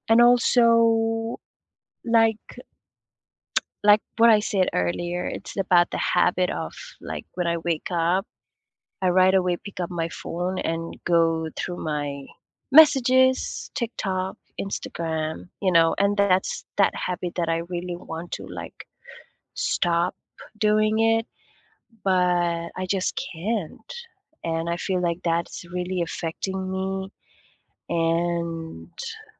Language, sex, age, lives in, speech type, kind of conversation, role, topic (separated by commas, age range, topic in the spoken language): English, female, 25-29, United States, conversation, unstructured, none, Do you think social media does more harm than good?